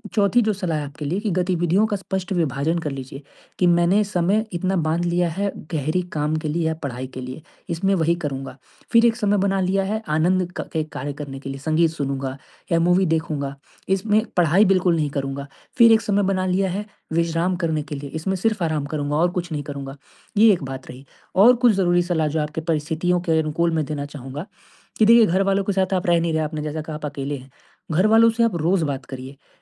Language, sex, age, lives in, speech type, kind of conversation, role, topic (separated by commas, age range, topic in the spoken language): Hindi, male, 20-24, India, conversation, advice, advisor, घर पर आराम करने में मुझे मुश्किल हो रही है—मैं कैसे शांत होऊँ?